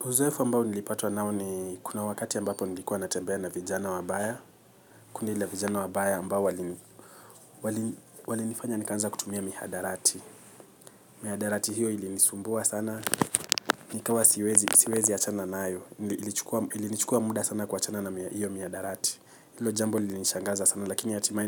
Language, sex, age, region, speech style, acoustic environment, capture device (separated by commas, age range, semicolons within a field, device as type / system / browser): Swahili, male, 25-34, Kenya, excited, silence, mobile / Linux / Chrome